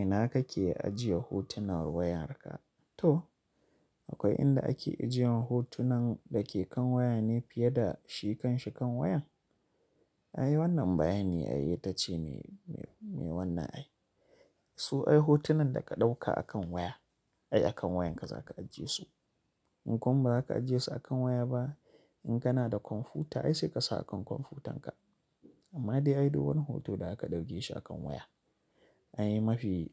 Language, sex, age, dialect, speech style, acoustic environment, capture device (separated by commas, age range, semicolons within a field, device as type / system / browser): Hausa, male, 25-34, Nigeria - Kano, relaxed; focused, nature; silence; traffic, mobile / Linux / Chrome